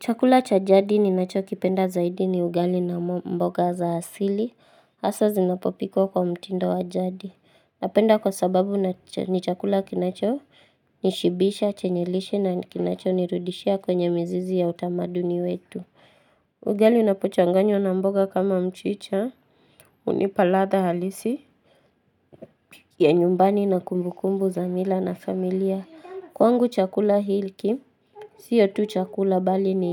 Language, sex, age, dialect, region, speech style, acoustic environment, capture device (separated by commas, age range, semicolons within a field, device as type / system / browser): Swahili, female, 18-24, Kenya - Nairobi Sheng-influenced, Kenya, relaxed, silence, mobile / Linux / Chrome